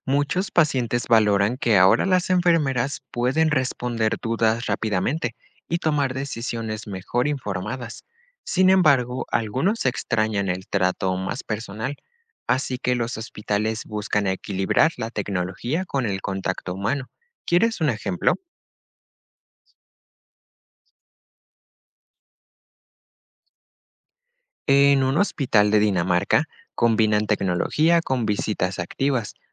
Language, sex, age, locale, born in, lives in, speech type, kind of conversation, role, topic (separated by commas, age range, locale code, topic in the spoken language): Spanish, male, 18-19, es-MX, Mexico, Mexico, read, expert-assistant, expert, ¿Por qué la imagen y el respeto hacia la enfermería varían tanto entre diferentes países y culturas?